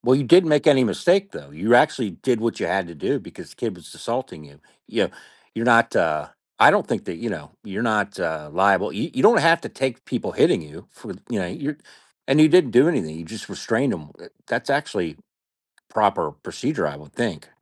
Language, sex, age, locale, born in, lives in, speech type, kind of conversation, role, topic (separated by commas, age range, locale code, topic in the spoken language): English, male, 50-54, en-US, United States, United States, conversation, podcast, host, How can mistakes help us grow and improve in life?